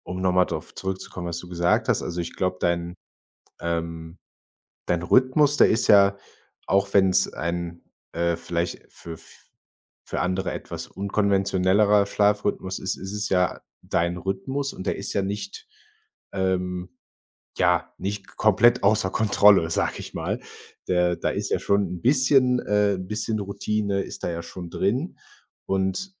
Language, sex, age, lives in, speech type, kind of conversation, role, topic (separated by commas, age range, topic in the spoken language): German, male, 25-29, Germany, conversation, advice, advisor, Warum wache ich morgens trotz ausreichend Schlaf erschöpft auf?